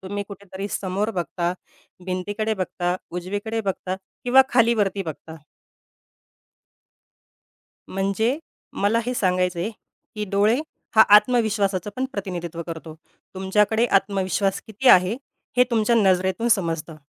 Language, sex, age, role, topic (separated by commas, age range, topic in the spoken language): Marathi, female, 30-34, guest, संभाषणात डोळ्यांचा संपर्क नेमकं काय सांगतो, असं तुम्हाला वाटतं का?